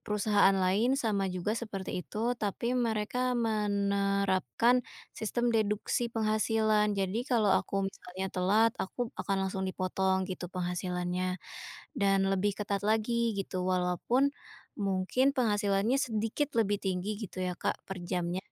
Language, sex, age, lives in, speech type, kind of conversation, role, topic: Indonesian, female, 25-29, Indonesia, conversation, advice, user, Bagaimana cara meminta kenaikan gaji setelah bekerja lama di perusahaan?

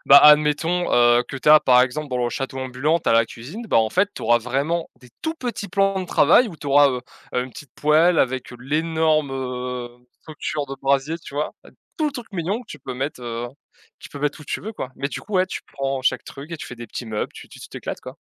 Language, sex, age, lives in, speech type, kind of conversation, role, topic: French, male, 20-24, France, conversation, unstructured, none, Comment convaincre quelqu’un d’essayer un nouveau loisir avec toi ?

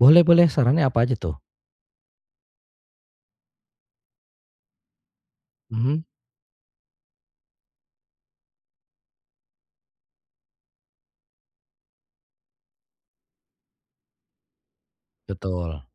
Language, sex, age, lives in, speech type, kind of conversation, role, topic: Indonesian, male, 35-39, Indonesia, conversation, advice, user, Bagaimana perubahan rutinitas atau kepindahan rumah memengaruhi pola makan saya?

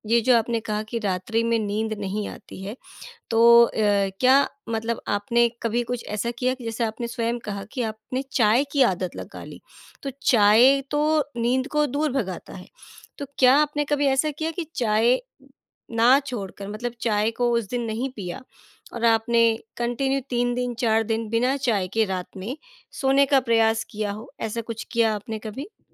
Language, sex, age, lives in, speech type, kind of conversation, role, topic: Hindi, female, 35-39, India, conversation, advice, advisor, रात में नींद न आने और लगातार चिंता होने पर मैं क्या करूँ?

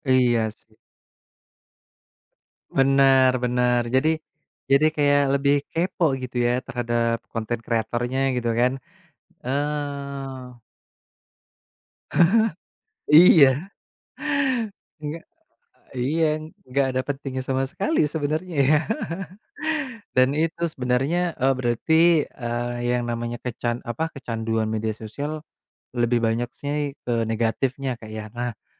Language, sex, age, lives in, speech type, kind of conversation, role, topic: Indonesian, male, 30-34, Indonesia, conversation, podcast, host, Apa trikmu agar tidak kecanduan media sosial?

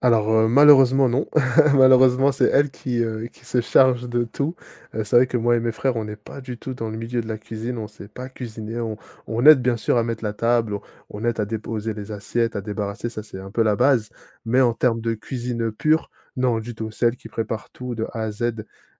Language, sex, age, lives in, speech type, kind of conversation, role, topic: French, male, 30-34, France, conversation, podcast, guest, Quel plat réunit le plus de monde, selon toi ?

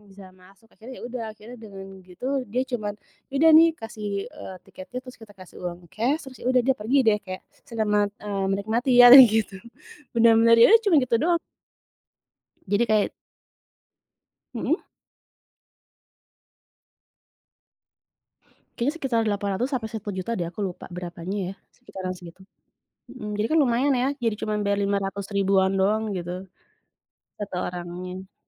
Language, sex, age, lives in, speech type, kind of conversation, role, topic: Indonesian, female, 35-39, Indonesia, conversation, podcast, guest, Pernahkah kamu menerima kebaikan dari orang yang tidak kamu kenal, dan bagaimana ceritanya?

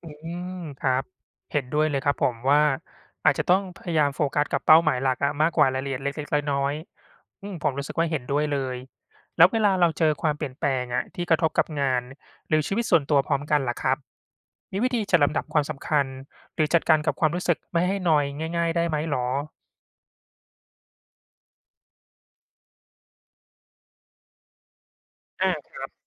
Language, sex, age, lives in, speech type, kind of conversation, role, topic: Thai, male, 35-39, Thailand, read, expert-assistant, asker, การรู้จักยอมรับความเปลี่ยนแปลงช่วยให้เราปรับตัวอย่างไร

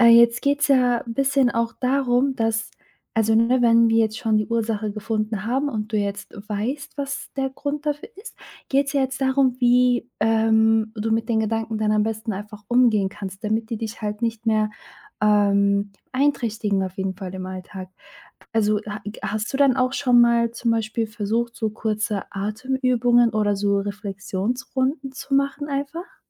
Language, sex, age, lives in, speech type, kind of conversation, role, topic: German, female, 25-29, Germany, conversation, advice, advisor, Warum fällt es dir schwer, negative Gedanken zu stoppen?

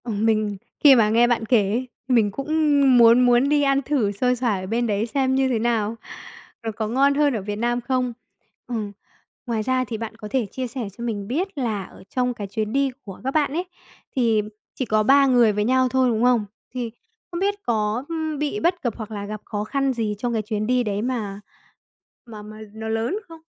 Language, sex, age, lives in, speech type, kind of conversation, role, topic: Vietnamese, female, 45-49, Vietnam, conversation, podcast, host, Bạn có thể kể về một chuyến đi mà bạn nhớ mãi không?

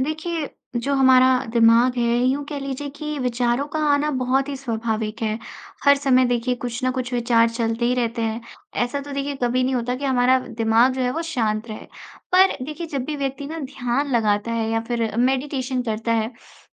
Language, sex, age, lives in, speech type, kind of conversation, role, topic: Hindi, female, 20-24, India, conversation, podcast, host, ध्यान के दौरान बार-बार विचार आने पर आप क्या करते हैं?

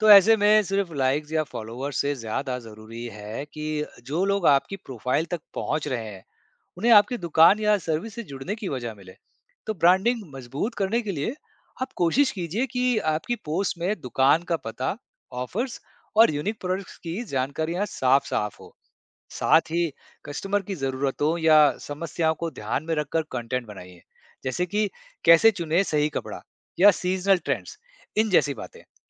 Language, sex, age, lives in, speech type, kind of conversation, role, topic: Hindi, male, 35-39, India, read, expert-assistant, expert, क्या सोशल मीडिया पर पर्सनल ब्रांडिंग से दुकान की बिक्री बढ़ाई जा सकती है?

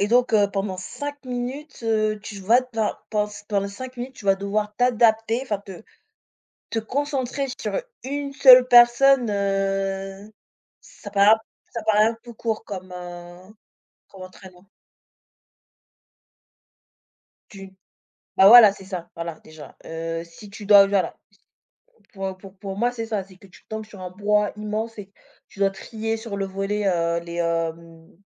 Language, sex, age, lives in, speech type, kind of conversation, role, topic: French, female, 20-24, France, conversation, unstructured, none, Comment réagiriez-vous si vous pouviez lire les pensées des autres pendant cinq minutes ?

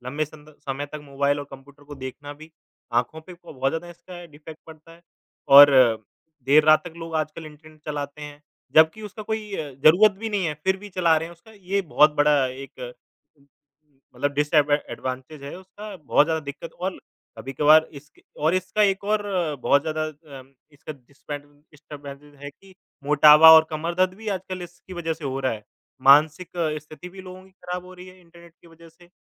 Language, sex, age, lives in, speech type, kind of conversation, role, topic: Hindi, male, 25-29, India, conversation, unstructured, none, क्या आपको लगता है कि आज इंटरनेट के बिना जीवन कठिन हो जाएगा?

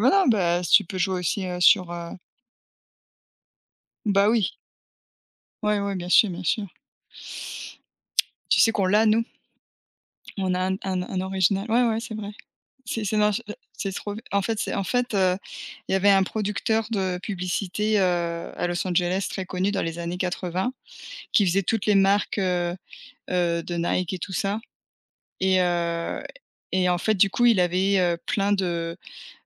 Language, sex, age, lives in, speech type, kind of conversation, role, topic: French, female, 40-44, United States, conversation, unstructured, none, Penses-tu que jouer aux jeux vidéo est une perte de temps ?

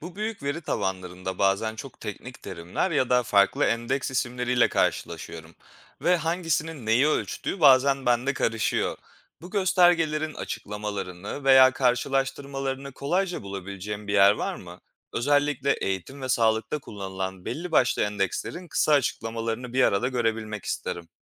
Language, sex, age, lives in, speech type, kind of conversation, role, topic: Turkish, male, 25-29, Poland, read, expert-assistant, asker, Kalkınma ekonomisiyle ilgili güncel ve güvenilir verilere nasıl ulaşabilirim?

